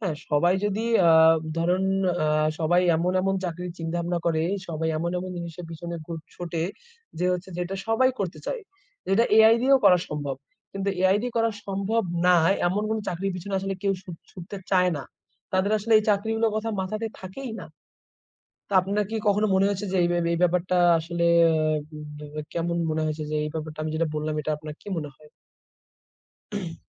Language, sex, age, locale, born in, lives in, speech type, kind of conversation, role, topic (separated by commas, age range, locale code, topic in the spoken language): Bengali, male, 20-24, bn-BD, Bangladesh, Bangladesh, conversation, unstructured, none, কৃত্রিম বুদ্ধিমত্তা কি মানুষকে চাকরি থেকে ছাঁটাই করে দিতে পারে?